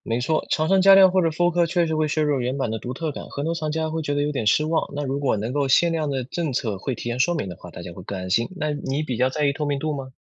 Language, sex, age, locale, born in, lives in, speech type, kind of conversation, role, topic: Chinese, male, 20-24, zh-CN, China, United States, read, expert-assistant, expert, 在收藏爱好中，“限量版”到底是什么意思？它对收藏价值有多大影响？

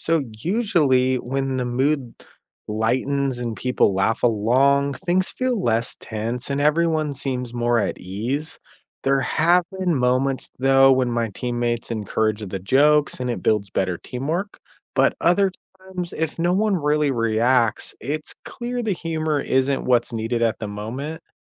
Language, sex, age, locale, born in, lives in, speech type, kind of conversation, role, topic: English, male, 35-39, en-US, United States, United States, read, expert-assistant, asker, How does laughter help cope with stress?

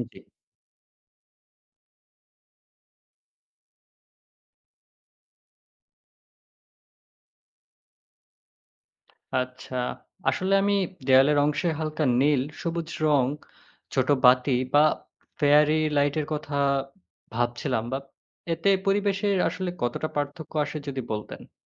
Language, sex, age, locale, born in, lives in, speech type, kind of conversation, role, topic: Bengali, male, 20-24, bn-BD, Bangladesh, Bangladesh, read, expert-assistant, asker, বাড়ির পরিবেশ এবং মানসিক স্বাস্থ্য উন্নত করতে কীভাবে ঘর সাজানো ও উদ্যানচর্চা কাজে লাগানো যেতে পারে?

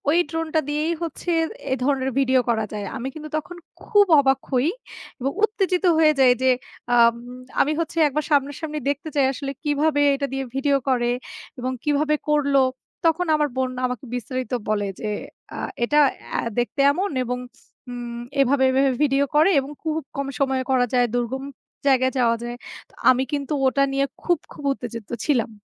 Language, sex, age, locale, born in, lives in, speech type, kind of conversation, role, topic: Bengali, female, 25-29, bn-BD, Bangladesh, Bangladesh, conversation, unstructured, none, কোন আবিষ্কারটি আপনাকে সবচেয়ে বেশি অবাক করেছে?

